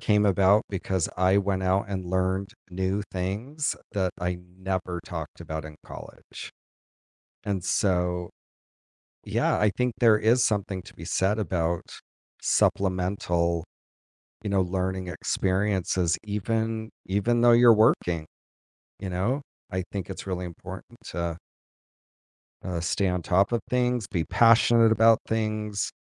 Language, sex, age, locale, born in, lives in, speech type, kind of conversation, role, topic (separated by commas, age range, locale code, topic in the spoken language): English, male, 50-54, en-US, United States, United States, conversation, unstructured, none, What role does innovation play in education today?